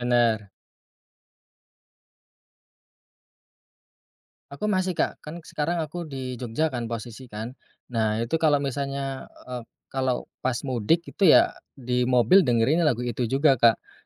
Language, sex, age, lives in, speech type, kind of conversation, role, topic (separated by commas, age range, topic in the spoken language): Indonesian, male, 30-34, Indonesia, conversation, podcast, guest, Lagu apa yang selalu teringat saat kamu mudik?